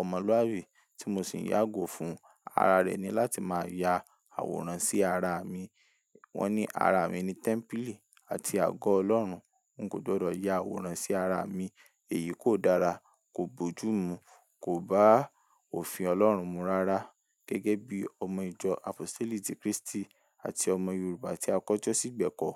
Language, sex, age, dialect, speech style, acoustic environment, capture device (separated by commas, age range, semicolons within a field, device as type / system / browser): Yoruba, male, 18-24, Nigeria - Ibadan, relaxed; focused, silence; nature, mobile / Linux / Chrome